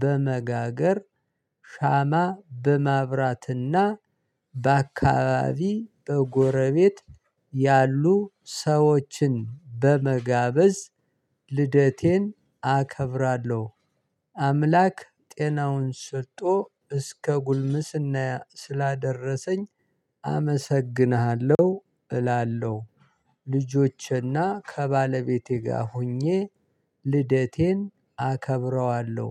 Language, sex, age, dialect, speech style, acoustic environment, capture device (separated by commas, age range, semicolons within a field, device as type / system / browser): Amharic, male, 35-44, Ethiopia - Gondar, excited, silence, mobile / Linux / Chrome